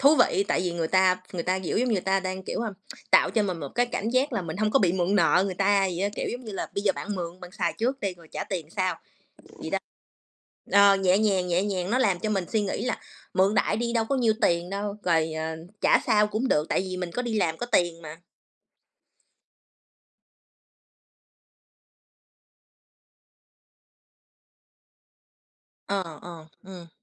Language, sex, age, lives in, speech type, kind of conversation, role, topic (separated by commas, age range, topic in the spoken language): Vietnamese, female, 30-34, United States, conversation, unstructured, none, Bạn nghĩ sao về tình trạng người trẻ mắc nợ nhiều hiện nay?